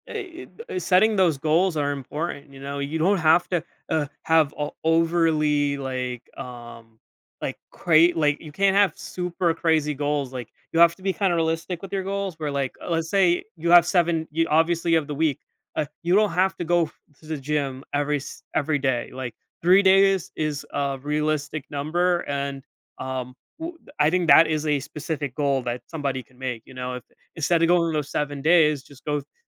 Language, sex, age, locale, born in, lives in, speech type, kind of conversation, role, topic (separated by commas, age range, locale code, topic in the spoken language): English, male, 25-29, en-US, United States, United States, conversation, podcast, guest, What are the most effective ways to maintain motivation for regular exercise?